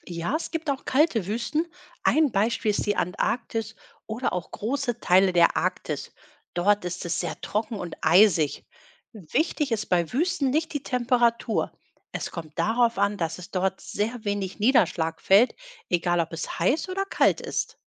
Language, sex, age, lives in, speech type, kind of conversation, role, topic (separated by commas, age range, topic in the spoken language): German, female, 45-49, Germany, read, expert-assistant, expert, Warum gibt es Wüsten?